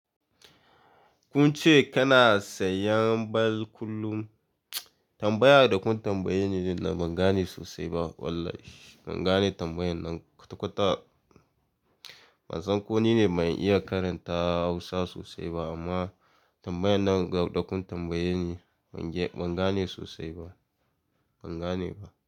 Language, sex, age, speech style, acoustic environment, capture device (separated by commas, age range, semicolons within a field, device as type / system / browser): Hausa, male, 18-24, focused, silence, mobile / Linux / Chrome